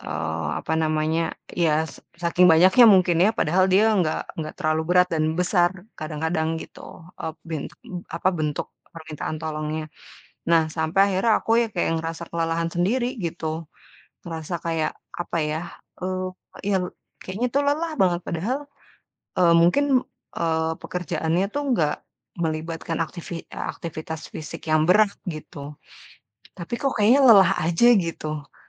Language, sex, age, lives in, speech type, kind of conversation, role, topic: Indonesian, female, 30-34, Indonesia, conversation, advice, user, Mengapa kamu sulit mengatakan tidak hingga rasa kesal terus menumpuk?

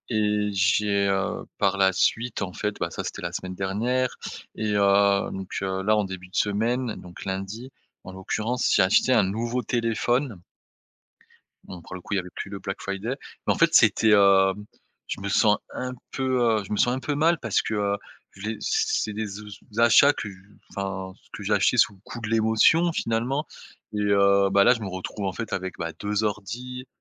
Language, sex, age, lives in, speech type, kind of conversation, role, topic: French, male, 30-34, France, conversation, advice, user, Comment ressens-tu la honte après des achats impulsifs ?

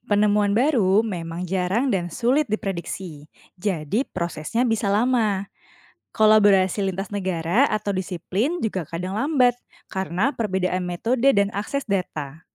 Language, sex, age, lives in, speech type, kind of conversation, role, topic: Indonesian, female, 30-34, Indonesia, read, expert-assistant, expert, Bagaimana cara mengidentifikasi dan menelusuri asal-usul benda kuno di museum?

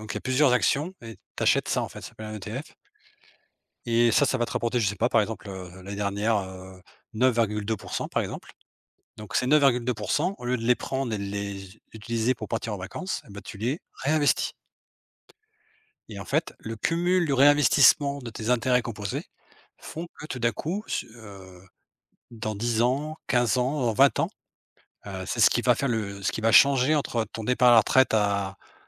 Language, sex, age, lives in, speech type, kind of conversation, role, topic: French, male, 45-49, Portugal, conversation, unstructured, none, Comment économises-tu habituellement de l’argent chaque mois ?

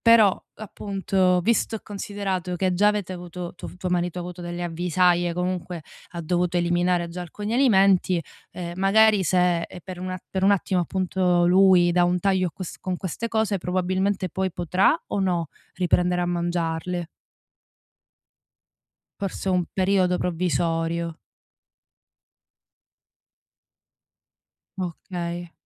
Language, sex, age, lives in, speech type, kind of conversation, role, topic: Italian, female, 30-34, Germany, conversation, advice, advisor, Come posso capire se sto comprando per un bisogno reale o perché influenzato dalla moda e dai social media?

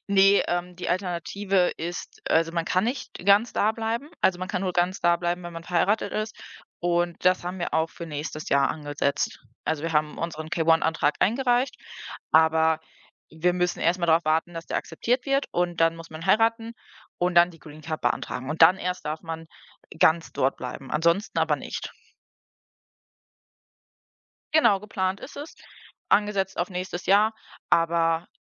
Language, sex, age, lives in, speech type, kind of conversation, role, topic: German, female, 25-29, Germany, conversation, podcast, guest, Welche Reise hat dich nachhaltig verändert?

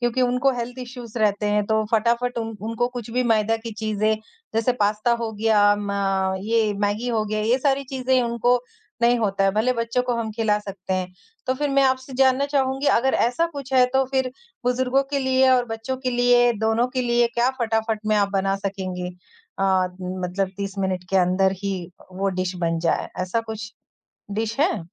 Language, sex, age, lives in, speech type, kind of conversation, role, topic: Hindi, female, 20-24, India, conversation, podcast, host, अगर आपके पास सिर्फ़ 30 मिनट हों, तो आप क्या बनाते हैं?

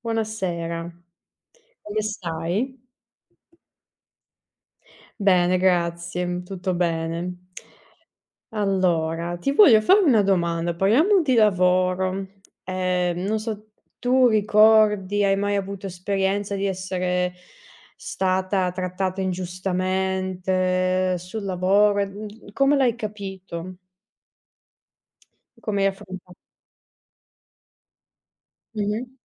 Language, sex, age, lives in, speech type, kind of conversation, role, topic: Italian, female, 30-34, Italy, conversation, unstructured, none, Hai mai sentito di essere trattato ingiustamente sul lavoro?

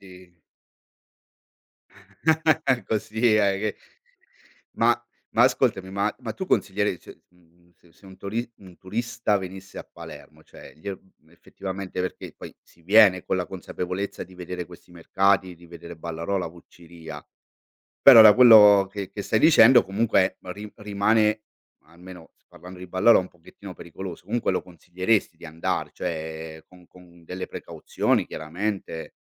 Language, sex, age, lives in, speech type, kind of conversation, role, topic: Italian, male, 45-49, Italy, conversation, podcast, host, Qual è un quartiere della tua città che ami e perché?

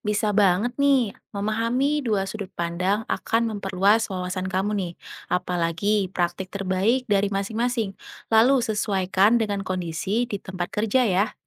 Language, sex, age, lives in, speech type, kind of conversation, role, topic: Indonesian, female, 30-34, Indonesia, read, expert-assistant, expert, Kalau ada dua sumber informasi soal manajemen rumah sakit yang isinya beda, gimana ya cara membandingkannya supaya dapat gambaran yang pas?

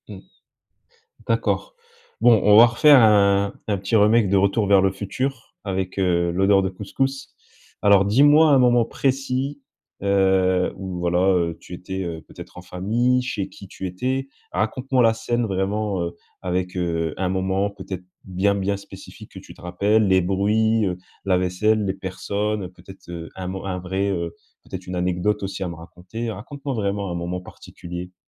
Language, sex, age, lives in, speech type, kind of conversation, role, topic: French, male, 25-29, France, conversation, podcast, host, Quelle odeur de cuisine te replonge instantanément dans le passé ?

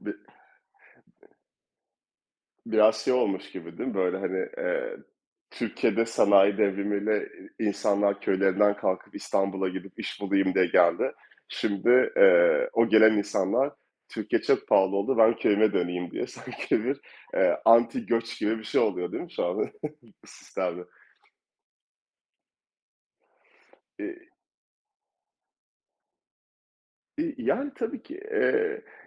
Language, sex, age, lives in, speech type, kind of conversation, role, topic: Turkish, male, 30-34, France, conversation, unstructured, none, Asgari ücretin geçinmek için yeterli olduğunu düşünüyor musun?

